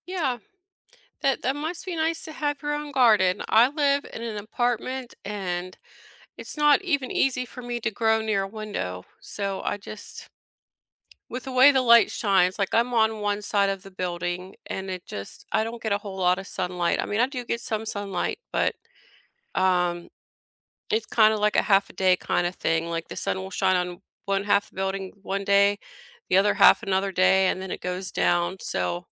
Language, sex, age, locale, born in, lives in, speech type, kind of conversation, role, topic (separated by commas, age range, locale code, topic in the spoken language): English, female, 40-44, en-US, United States, United States, conversation, unstructured, none, Which neighborhood place boosts your mood the most, and why?